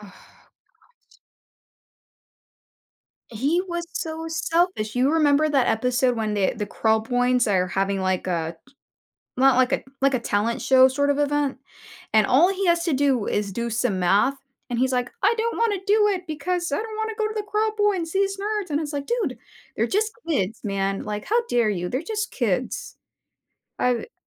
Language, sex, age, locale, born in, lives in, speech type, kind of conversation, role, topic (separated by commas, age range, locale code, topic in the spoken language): English, female, 30-34, en-US, United States, United States, conversation, podcast, guest, How do siblings influence each other as they grow?